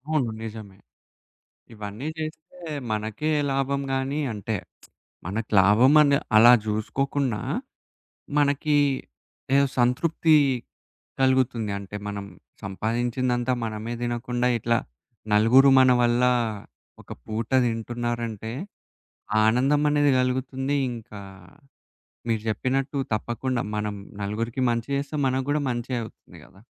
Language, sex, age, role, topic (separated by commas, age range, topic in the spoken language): Telugu, male, 20-24, host, మీ కుటుంబ సంప్రదాయాల్లో మీరు గర్వపడేది ఏది?